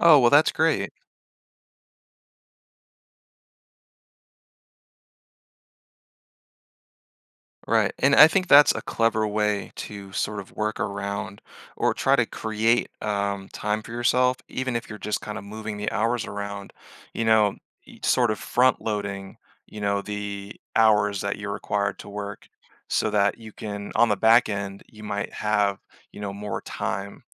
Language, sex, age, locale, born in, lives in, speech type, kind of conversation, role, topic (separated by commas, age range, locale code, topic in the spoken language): English, male, 30-34, en-US, Germany, United States, conversation, advice, advisor, How can I better balance work and personal life?